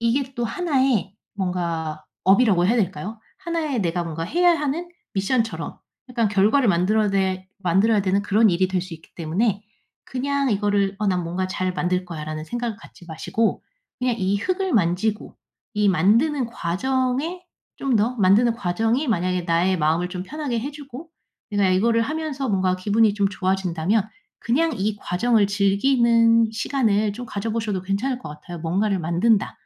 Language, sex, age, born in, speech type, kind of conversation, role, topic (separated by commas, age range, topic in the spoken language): Korean, female, 35-39, South Korea, conversation, advice, advisor, 집에서 편안하게 쉬지 못하는 이유가 무엇이며 어떻게 하면 집에서 더 편하게 쉴 수 있을까요?